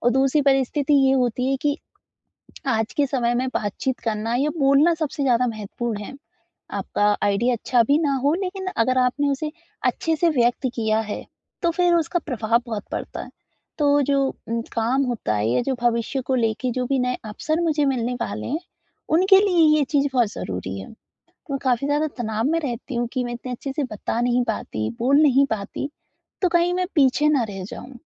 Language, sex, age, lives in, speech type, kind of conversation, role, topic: Hindi, female, 20-24, India, conversation, advice, user, मैं अपनी सच्चाई और भावनाओं को साफ़ और आत्मविश्वास के साथ कैसे व्यक्त करूँ?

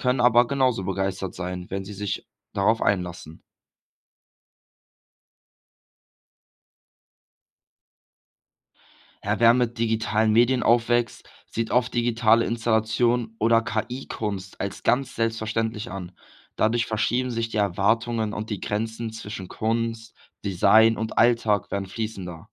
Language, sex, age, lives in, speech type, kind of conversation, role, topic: German, male, 18-19, Germany, read, expert-assistant, expert, Warum finden manche Menschen moderne Kunst faszinierend, während andere sie ablehnen? Welche Rolle spielen dabei Kultur und Erziehung?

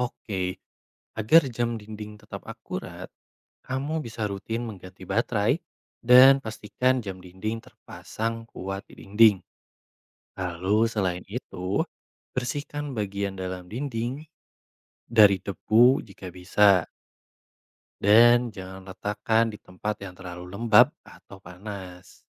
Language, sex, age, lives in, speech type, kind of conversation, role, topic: Indonesian, male, 25-29, Indonesia, read, expert-assistant, expert, Bagaimana cara kerja jam dinding menunjukkan waktu?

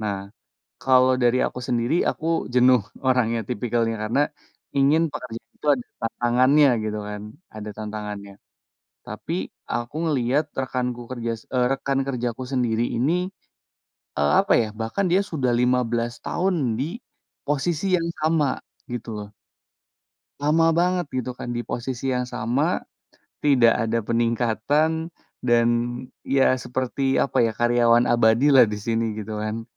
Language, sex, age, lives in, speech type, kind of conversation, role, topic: Indonesian, male, 30-34, Indonesia, conversation, podcast, guest, Bagaimana kamu tahu kapan harus keluar dari zona nyaman?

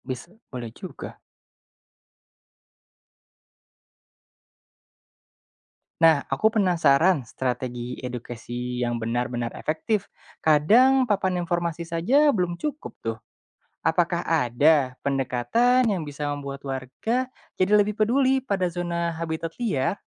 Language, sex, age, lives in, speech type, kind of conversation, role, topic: Indonesian, male, 25-29, Indonesia, read, expert-assistant, asker, Bagaimana cara terbaik merancang taman kota agar ramah bagi manusia sekaligus mendukung keanekaragaman hayati?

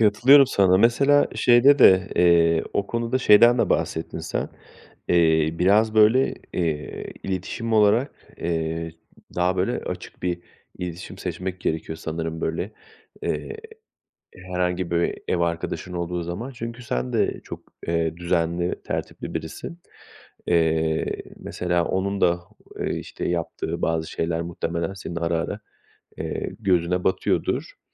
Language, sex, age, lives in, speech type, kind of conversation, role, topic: Turkish, male, 35-39, Poland, conversation, podcast, host, Yoğun iş dönemlerinde ev işleriyle nasıl başa çıkıyorsunuz?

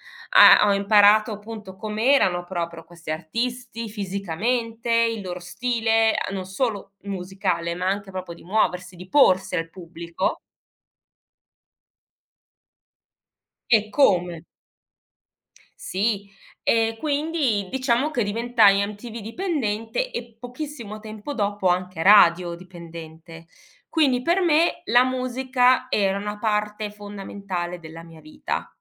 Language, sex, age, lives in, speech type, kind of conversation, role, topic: Italian, female, 40-44, Germany, conversation, podcast, guest, Come scoprivi musica nuova quando eri adolescente?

